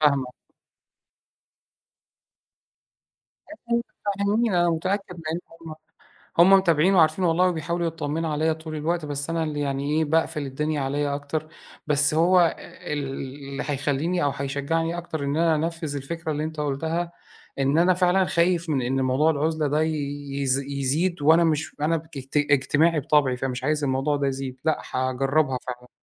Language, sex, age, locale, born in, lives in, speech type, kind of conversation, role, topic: Arabic, male, 40-44, ar-EG, Egypt, Egypt, conversation, advice, user, بتتعامل إزاي مع ميلك للعزلة لما بتحس بضغط أو بفشل؟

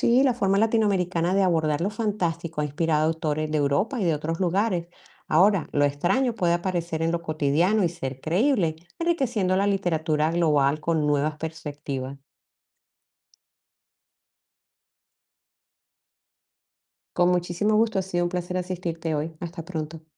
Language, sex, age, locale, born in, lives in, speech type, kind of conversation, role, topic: Spanish, female, 55-59, es-CO, Colombia, United States, read, expert-assistant, expert, ¿Es verdad que los escritores europeos influyeron mucho en los autores latinoamericanos?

